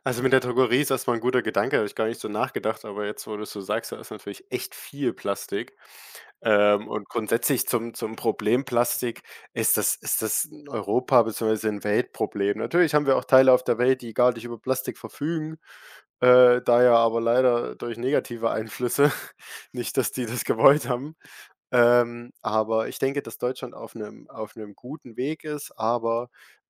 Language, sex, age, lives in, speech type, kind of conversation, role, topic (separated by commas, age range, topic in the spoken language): German, male, 18-19, Germany, conversation, podcast, guest, Was würdest du Menschen raten, die im Alltag weniger Plastik verwenden möchten?